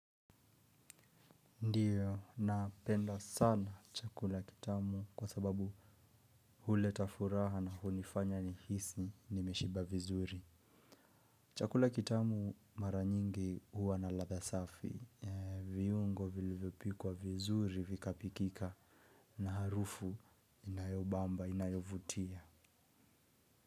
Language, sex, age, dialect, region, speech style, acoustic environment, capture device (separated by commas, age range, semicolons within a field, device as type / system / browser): Swahili, male, 25-34, Kenya - Nairobi Sheng-influenced, Kenya, relaxed, silence, mobile / Linux / Chrome